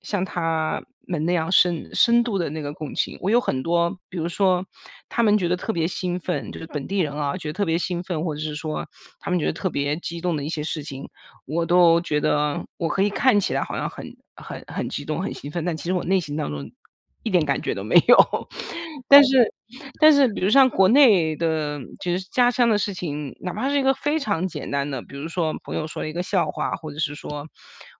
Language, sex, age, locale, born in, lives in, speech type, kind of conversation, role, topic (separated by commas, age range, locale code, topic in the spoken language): Chinese, female, 40-44, zh-CN, China, United States, conversation, advice, user, 我剛搬到新國家，常想家又很焦慮，該怎麼調適呢？